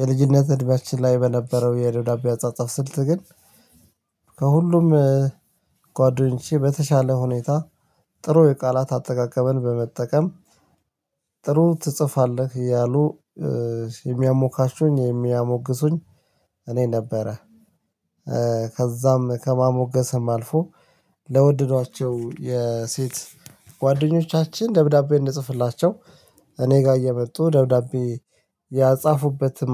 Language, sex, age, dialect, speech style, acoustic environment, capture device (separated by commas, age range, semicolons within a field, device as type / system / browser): Amharic, male, 35-44, Ethiopia - Addis Ababa, relaxed, nature, mobile / Linux / Chrome